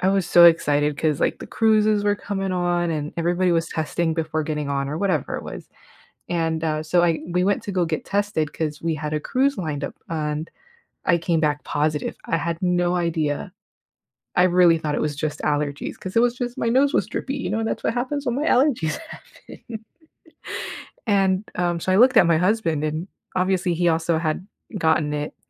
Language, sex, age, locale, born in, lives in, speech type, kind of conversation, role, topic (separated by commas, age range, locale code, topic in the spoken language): English, female, 35-39, en-US, United States, United States, conversation, podcast, guest, Why is it important to understand the history of pandemics in shaping our response to future health challenges?